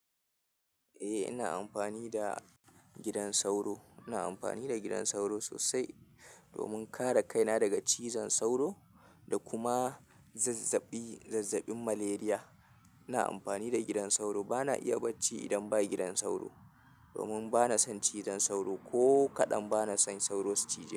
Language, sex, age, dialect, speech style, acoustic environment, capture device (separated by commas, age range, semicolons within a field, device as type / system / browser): Hausa, male, 25-34, Nigeria - Kano, happy; nervous; focused; tired; excited; anxious; relaxed; stressed, appliances; traffic; construction; people talking; music; nature; silence, mobile / Linux / Chrome